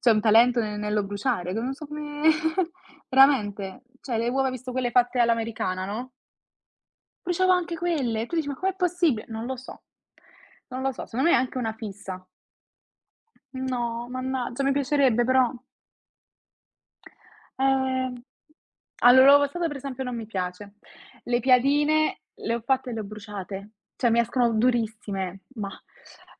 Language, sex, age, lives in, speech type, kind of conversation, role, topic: Italian, female, 20-24, Italy, conversation, unstructured, none, Hai mai scoperto un talento nascosto provando qualcosa di nuovo?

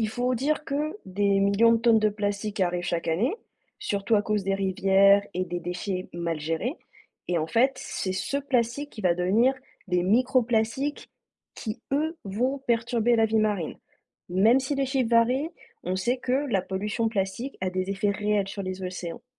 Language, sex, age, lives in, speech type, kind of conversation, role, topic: French, female, 30-34, France, read, expert-assistant, expert, Comment reconnaître une info fiable sur les océans quand on lit un article ou regarde une vidéo ?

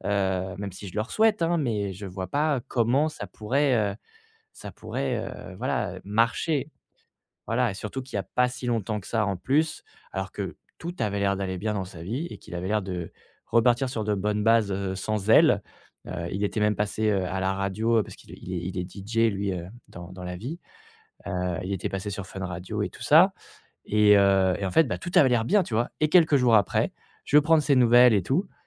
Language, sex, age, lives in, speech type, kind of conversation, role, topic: French, male, 25-29, France, conversation, advice, user, Comment aider un ami en crise sans s’épuiser ?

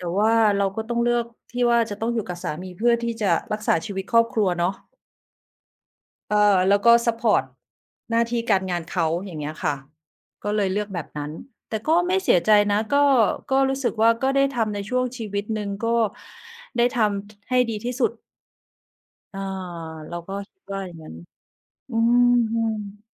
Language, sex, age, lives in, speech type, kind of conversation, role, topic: Thai, female, 45-49, Thailand, conversation, unstructured, none, ถ้าคุณต้องเลือกอย่างใดอย่างหนึ่งระหว่างความสุขกับความสำเร็จ คุณจะเลือกอะไร?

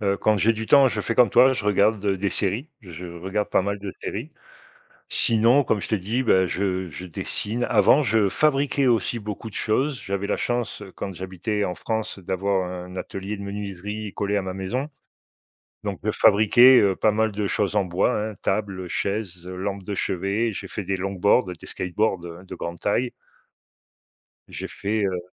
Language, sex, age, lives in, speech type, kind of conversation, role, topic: French, male, 50-54, Portugal, conversation, unstructured, none, Qu’est-ce que tu aimes faire pour te changer les idées ?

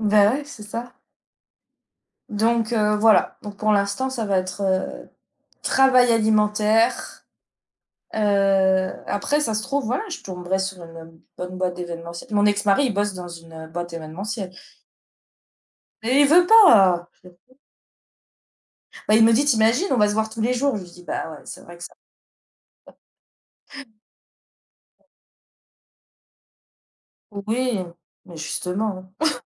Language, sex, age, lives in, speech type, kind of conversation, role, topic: French, female, 35-39, France, conversation, unstructured, none, Quel est le plus grand défi dans ton travail actuel ?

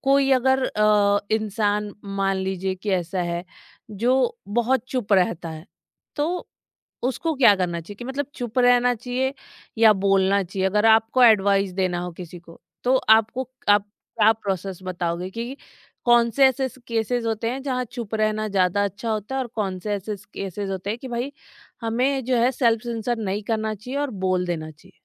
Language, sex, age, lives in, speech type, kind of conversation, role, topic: Hindi, female, 30-34, India, conversation, podcast, host, Kya tum kabhi apni baat daba lete ho? Agar haan, kyun aur kaise?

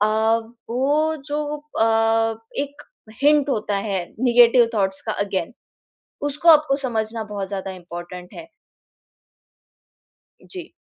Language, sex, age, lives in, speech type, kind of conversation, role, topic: Hindi, female, 20-24, India, conversation, podcast, guest, Creative akelapan kab positive lagta hai aur kab negative lagta hai?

